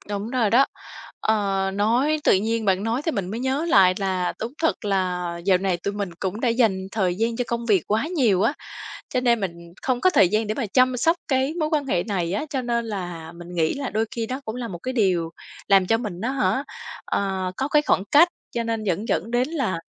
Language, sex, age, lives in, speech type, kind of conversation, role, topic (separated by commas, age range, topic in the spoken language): Vietnamese, female, 35-39, Vietnam, conversation, advice, user, Bạn cảm thấy thế nào và nên làm gì khi mất niềm tin sau một lời nói dối nhỏ?